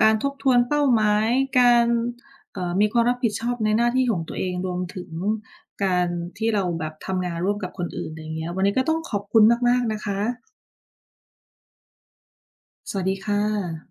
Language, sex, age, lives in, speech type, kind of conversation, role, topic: Thai, female, 30-34, United States, read, expert-assistant, asker, การมีความรับผิดชอบต่อหน้าที่ช่วยสร้างความน่าเชื่อถือในสังคมหรือไม่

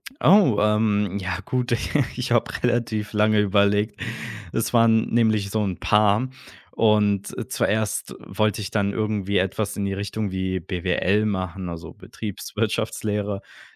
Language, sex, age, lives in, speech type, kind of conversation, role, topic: German, male, 25-29, Germany, conversation, podcast, guest, Was ist deine stolzeste Erinnerung?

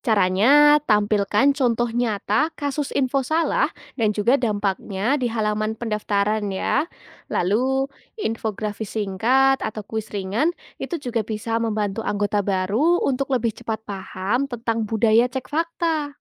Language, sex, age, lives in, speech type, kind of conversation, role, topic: Indonesian, female, 20-24, Indonesia, read, expert-assistant, expert, Sering muncul berita tentang gelombang gravitasi dan penemuan terbaru di bidang ini. Bagaimana cara memastikan bahwa informasi tersebut akurat dan bukan hanya sensasi media?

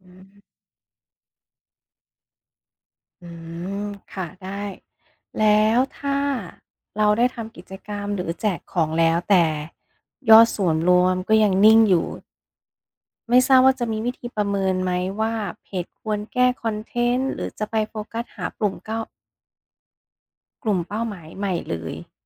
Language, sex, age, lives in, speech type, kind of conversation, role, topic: Thai, female, 40-44, United States, read, expert-assistant, asker, ทำไมยอดไลก์ในเพจเฟซบุ๊กของเราลดลงกะทันหัน? มีปัจจัยอะไรที่ควรตรวจสอบบ้าง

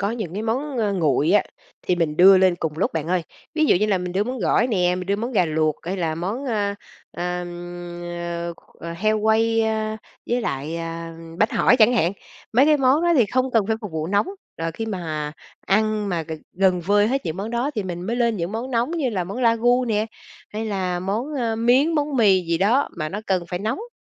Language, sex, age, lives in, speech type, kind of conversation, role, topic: Vietnamese, female, 45-49, Vietnam, conversation, podcast, guest, Làm sao để tránh lãng phí thức ăn sau bữa tiệc?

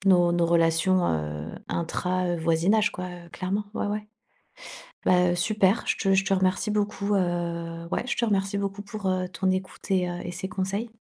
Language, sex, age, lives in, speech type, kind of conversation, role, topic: French, female, 40-44, Spain, conversation, advice, user, Comment puis-je me sentir davantage intégré(e) dans mon nouvel environnement ?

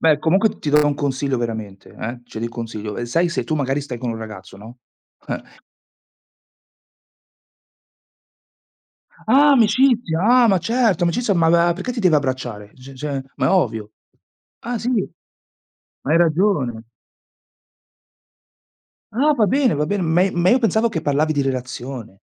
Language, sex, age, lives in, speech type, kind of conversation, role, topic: Italian, male, 40-44, Italy, conversation, unstructured, none, Come si può celebrare l’amore nella vita di tutti i giorni?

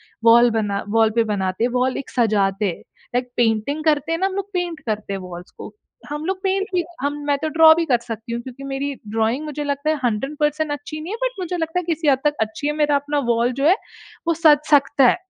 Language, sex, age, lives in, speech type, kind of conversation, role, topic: Hindi, female, 20-24, India, conversation, podcast, guest, Apni creative routine ko simple tareeke se batao — roz tum kya karte ho?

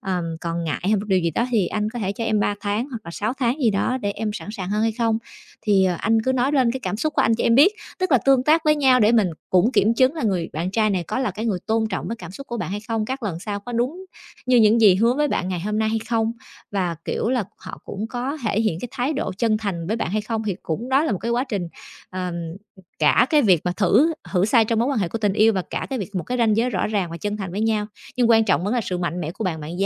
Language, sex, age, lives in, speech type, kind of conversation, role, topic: Vietnamese, female, 30-34, Vietnam, conversation, advice, advisor, Làm thế nào để đặt ranh giới trong tình yêu khi tôi cảm thấy bất an?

